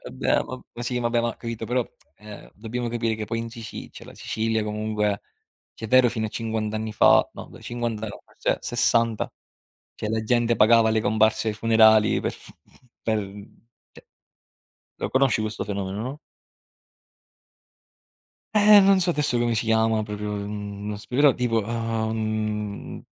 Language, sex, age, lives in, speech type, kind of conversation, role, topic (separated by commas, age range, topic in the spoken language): Italian, male, 30-34, Italy, conversation, unstructured, none, Come reagisci quando qualcuno banalizza la morte degli altri?